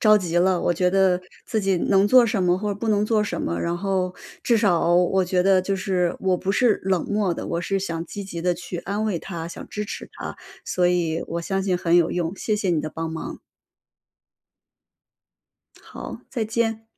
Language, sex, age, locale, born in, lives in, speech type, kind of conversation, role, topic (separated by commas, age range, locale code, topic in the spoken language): Chinese, female, 35-39, zh-CN, China, United States, conversation, advice, user, 当伴侣压力很大时，我该如何给予有效支持？